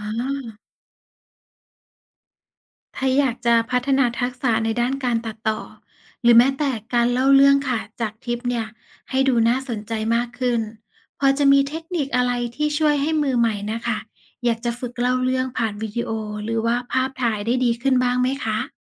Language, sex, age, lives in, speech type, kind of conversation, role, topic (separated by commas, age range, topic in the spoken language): Thai, female, 35-39, Thailand, read, expert-assistant, asker, มีเทคนิคอะไรบ้างในการจดบันทึกหรือเก็บความทรงจำจากการเดินทาง ให้กลายเป็นแรงบันดาลใจหรือพลังบวกในชีวิตประจำวัน?